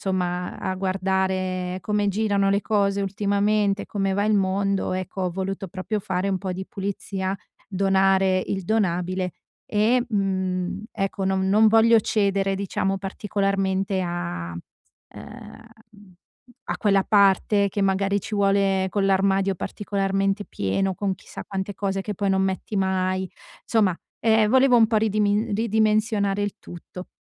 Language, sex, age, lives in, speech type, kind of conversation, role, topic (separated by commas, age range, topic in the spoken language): Italian, female, 35-39, Italy, conversation, advice, user, Come posso comprare capi di buona qualità senza spendere troppo?